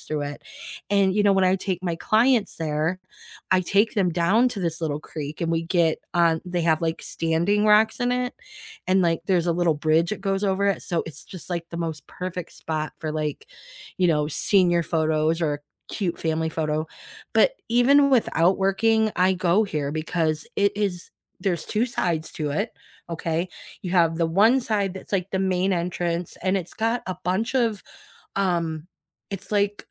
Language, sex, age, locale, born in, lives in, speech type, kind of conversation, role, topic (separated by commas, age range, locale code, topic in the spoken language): English, female, 50-54, en-US, United States, United States, conversation, unstructured, none, What is your go-to hidden gem in your hometown?